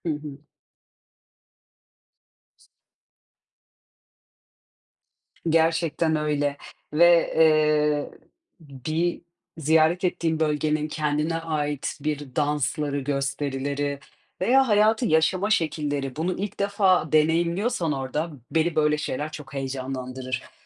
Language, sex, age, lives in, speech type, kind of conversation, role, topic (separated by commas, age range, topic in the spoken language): Turkish, female, 30-34, Portugal, conversation, unstructured, none, Yeni bir yere gitmek size neden heyecan verici geliyor?